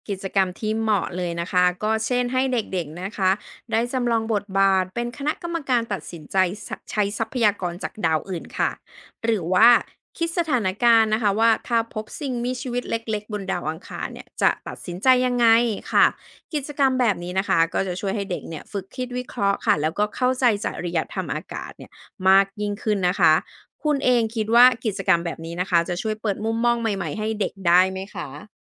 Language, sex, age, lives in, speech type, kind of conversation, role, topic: Thai, female, 40-44, Thailand, read, expert-assistant, expert, ถ้ามีโอกาสไปสำรวจดาวเคราะห์ในระบบสุริยะได้แค่แห่งเดียว ควรเลือกที่ไหนก่อนและเพราะอะไร?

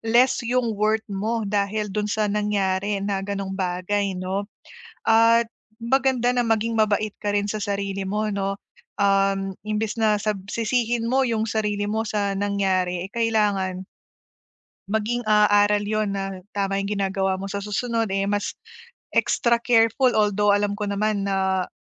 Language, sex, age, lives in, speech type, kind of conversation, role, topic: Filipino, female, 30-34, Philippines, conversation, advice, advisor, Paano ko mapapatawad ang sarili ko matapos magkamali sa ibang tao?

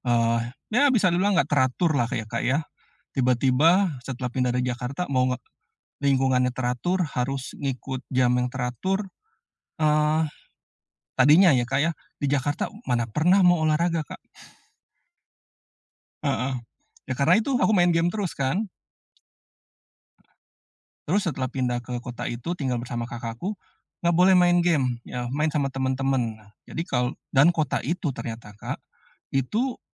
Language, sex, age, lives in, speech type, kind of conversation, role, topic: Indonesian, male, 45-49, Indonesia, conversation, podcast, guest, Keputusan apa yang paling membuatmu berkembang, dan bagaimana prosesnya?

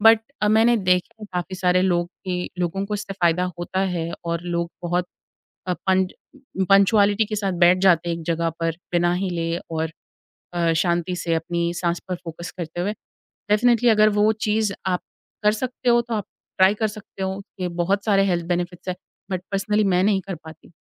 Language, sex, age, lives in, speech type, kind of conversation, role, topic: Hindi, female, 25-29, India, conversation, podcast, guest, Apne mind ko refresh karne ke liye tum kaunsi chhoti-chhoti daily aadatein follow karte ho?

